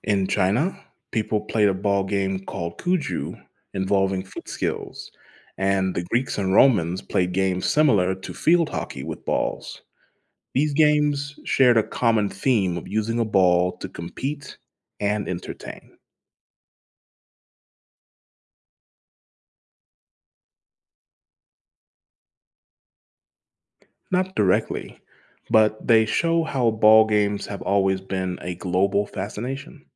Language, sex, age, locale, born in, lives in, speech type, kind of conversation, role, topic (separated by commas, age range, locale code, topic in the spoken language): English, male, 30-34, en-US, United States, United States, read, expert-assistant, expert, What was the first sport ever played with a ball?